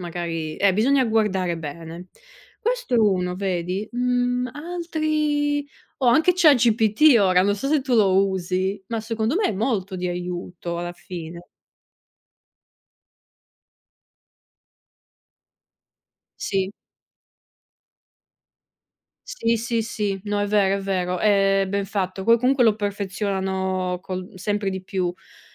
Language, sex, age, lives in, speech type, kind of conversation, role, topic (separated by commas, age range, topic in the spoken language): Italian, female, 30-34, Italy, conversation, unstructured, none, Come ti piacerebbe usare la tecnologia per aiutare gli altri?